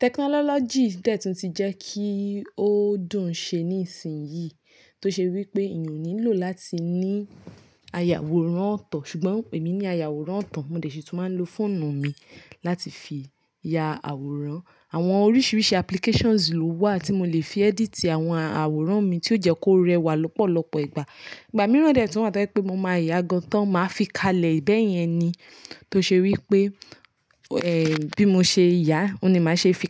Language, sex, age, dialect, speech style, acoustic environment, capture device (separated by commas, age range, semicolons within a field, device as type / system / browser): Yoruba, female, 25-34, Nigeria - Nigerian Standard, focused, silence, mobile / Linux / Chrome